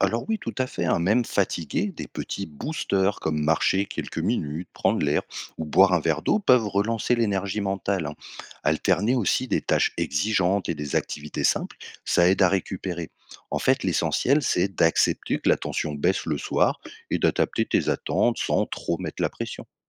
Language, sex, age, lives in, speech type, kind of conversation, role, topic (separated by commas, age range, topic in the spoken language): French, male, 30-34, France, read, expert-assistant, expert, Quand je dois choisir entre plusieurs choses à faire, comment mon cerveau décide de ce qui est le plus important ?